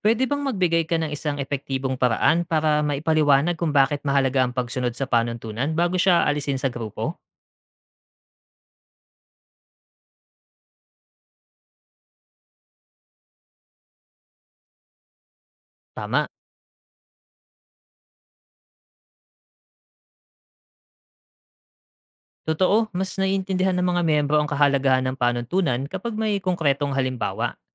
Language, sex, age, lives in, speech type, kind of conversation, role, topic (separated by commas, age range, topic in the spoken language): Filipino, male, 35-39, Philippines, read, expert-assistant, asker, Puwede ka bang magbigay ng simpleng analogy kung paano gumagana ang fake news sa social media?